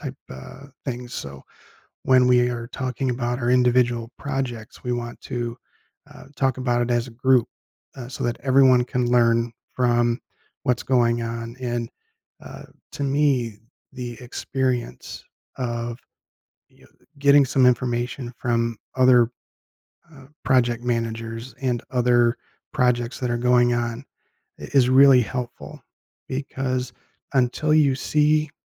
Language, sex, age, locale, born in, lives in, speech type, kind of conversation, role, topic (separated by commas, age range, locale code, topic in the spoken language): English, male, 50-54, en-US, United States, United States, conversation, podcast, guest, How do you turn setbacks at work into opportunities for growth?